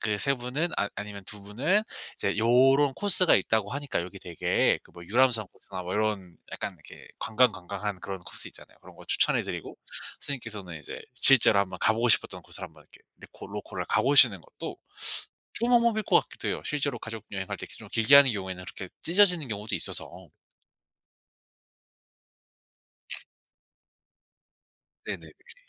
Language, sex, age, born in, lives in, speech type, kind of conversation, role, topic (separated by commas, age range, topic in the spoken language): Korean, male, 25-29, South Korea, South Korea, conversation, advice, advisor, 어떻게 하면 여행 중 예상치 못한 문제에 잘 대처할 수 있을까요?